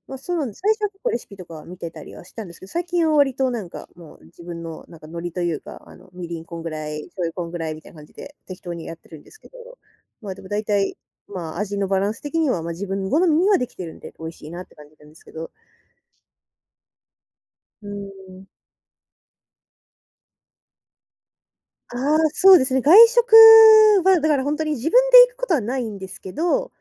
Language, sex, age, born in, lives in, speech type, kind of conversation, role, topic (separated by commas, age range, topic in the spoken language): Japanese, female, 20-24, Japan, Japan, conversation, advice, user, 食費を節約しながら健康的に食べるには、どうすればいいですか？